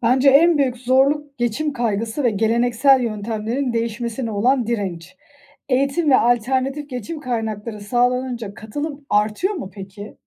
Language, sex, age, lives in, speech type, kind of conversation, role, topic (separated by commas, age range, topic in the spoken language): Turkish, female, 35-39, Austria, read, expert-assistant, asker, Denizlerdeki besin zinciri nasıl işler ve bu sistemdeki anahtar canlılar hangileridir?